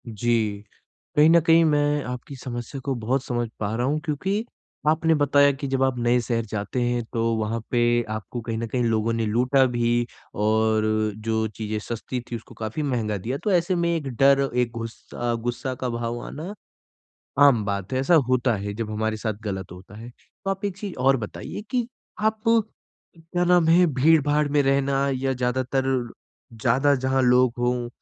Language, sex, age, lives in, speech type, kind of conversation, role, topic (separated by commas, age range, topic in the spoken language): Hindi, male, 20-24, India, conversation, advice, advisor, नए शहर में अनजान जगहों पर मैं खुद को सहज और आरामदायक कैसे महसूस करूँ?